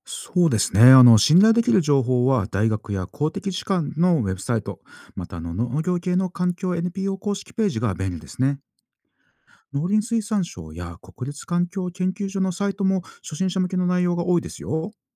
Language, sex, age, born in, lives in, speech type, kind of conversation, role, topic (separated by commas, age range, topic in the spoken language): Japanese, male, 40-44, Japan, Japan, read, expert-assistant, expert, 持続可能な農業について、信頼できる情報を集めるには、どんな方法や場所がありますか？